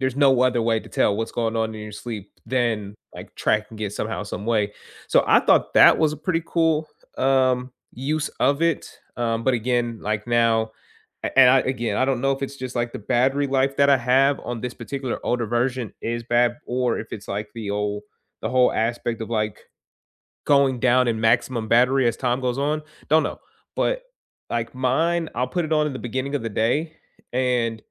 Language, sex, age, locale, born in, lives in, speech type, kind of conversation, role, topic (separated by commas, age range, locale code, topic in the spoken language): English, male, 25-29, en-US, United States, United States, conversation, unstructured, none, How have wearables actually changed your daily habits, and how can we support each other?